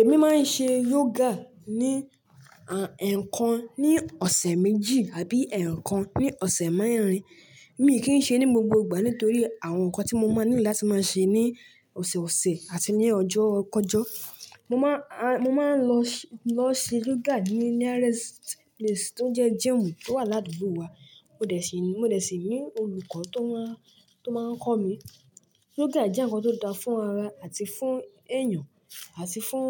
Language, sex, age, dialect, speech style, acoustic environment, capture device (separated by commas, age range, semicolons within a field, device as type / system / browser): Yoruba, male, 18-24, Nigeria - Lagos Yoruba, focused, silence; nature, desktop / Linux / Chrome